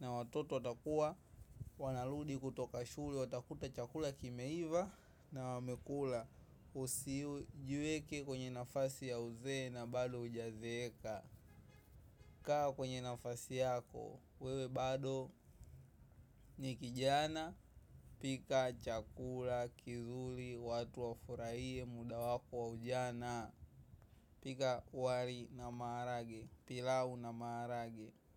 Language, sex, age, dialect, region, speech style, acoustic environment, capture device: Swahili, male, 18-24, Tanzania - Dar es Salaam, Tanzania, happy; excited; focused, traffic, mobile / macOS / Safari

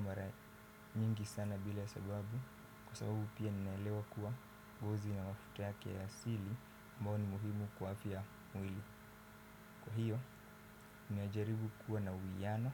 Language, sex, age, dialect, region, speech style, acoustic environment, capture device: Swahili, male, 18-24, Kenya - Mombasa, Kenya, focused, silence, desktop / Windows / Firefox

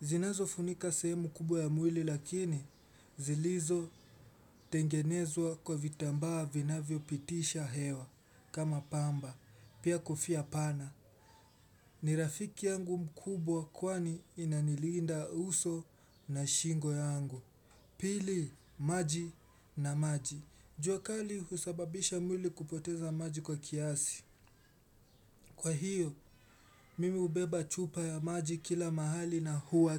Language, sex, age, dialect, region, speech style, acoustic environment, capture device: Swahili, male, 18-24, Kenya - Mombasa, Kenya, relaxed; focused, silence; appliances, desktop / Windows / Chrome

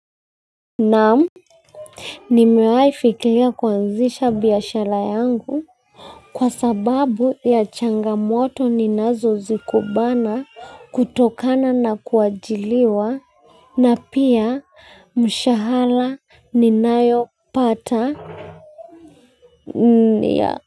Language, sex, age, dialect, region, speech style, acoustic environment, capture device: Swahili, female, 25-34, Kenya - Nairobi Sheng-influenced, Kenya, focused, silence, mobile / Linux / Chrome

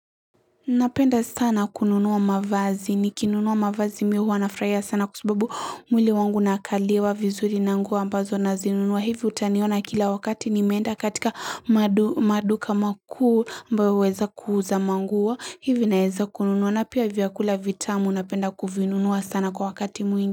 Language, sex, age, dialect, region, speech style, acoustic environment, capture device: Swahili, female, 18-24, Kenya - Mombasa, Kenya, happy, silence, mobile / Linux / Chrome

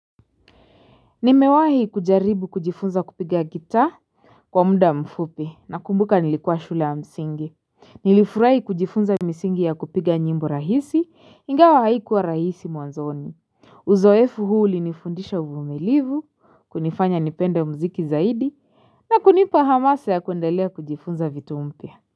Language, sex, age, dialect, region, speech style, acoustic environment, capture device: Swahili, female, 25-34, Kenya - Nairobi Sheng-influenced, Kenya, relaxed; excited, silence, mobile / Linux / Chrome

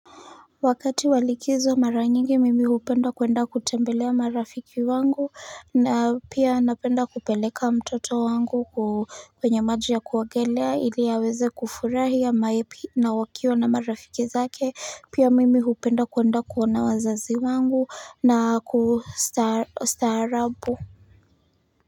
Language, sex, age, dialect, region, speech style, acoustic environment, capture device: Swahili, female, 25-34, Kenya - Nairobi Sheng-influenced, Kenya, happy, nature; people talking, mobile / Linux / Chrome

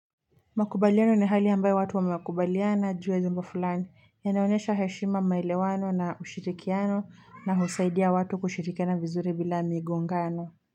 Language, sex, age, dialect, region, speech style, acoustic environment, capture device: Swahili, female, 18-24, Kenya - Mombasa, Kenya, relaxed, traffic, mobile / Linux / Chrome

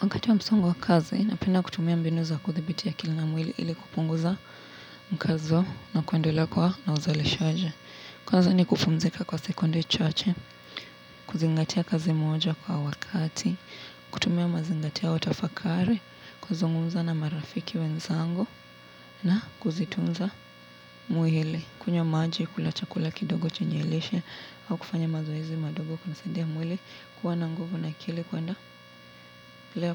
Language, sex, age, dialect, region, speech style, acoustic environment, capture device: Swahili, female, 18-24, Kenya - Nairobi Sheng-influenced, Kenya, relaxed, traffic; appliances; nature; construction, mobile / Linux / Chrome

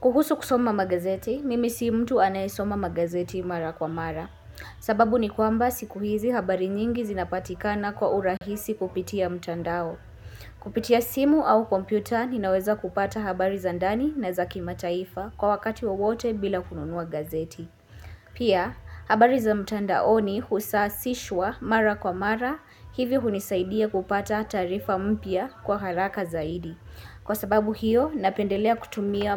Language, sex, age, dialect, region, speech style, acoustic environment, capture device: Swahili, female, 18-24, Kenya - Nairobi Sheng-influenced, Kenya, focused, music, mobile / Linux / Chrome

